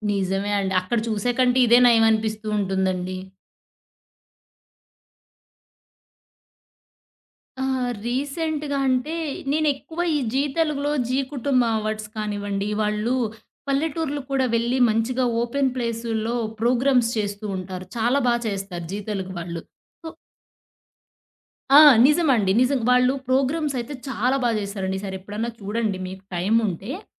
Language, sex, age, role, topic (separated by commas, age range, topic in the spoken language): Telugu, female, 30-34, guest, లైవ్ కార్యక్రమంలో జరిగిన అనుకోని క్షణం మీకు గుర్తుందా?